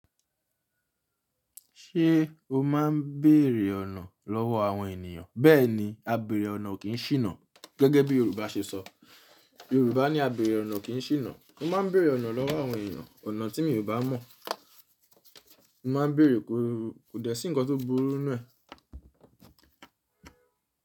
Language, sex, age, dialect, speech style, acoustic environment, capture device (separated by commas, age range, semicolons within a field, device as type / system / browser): Yoruba, male, 25-34, Nigeria - Nigerian Standard, focused, silence, mobile / Linux / Chrome